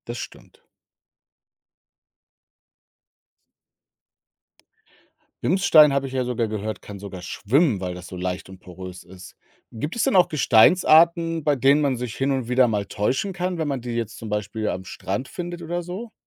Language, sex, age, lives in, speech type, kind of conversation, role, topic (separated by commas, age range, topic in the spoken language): German, male, 35-39, Germany, read, expert-assistant, asker, Sind Meteoriteneinschläge oder Vulkanausbrüche entscheidender für die Gestaltung der Erdoberfläche gewesen?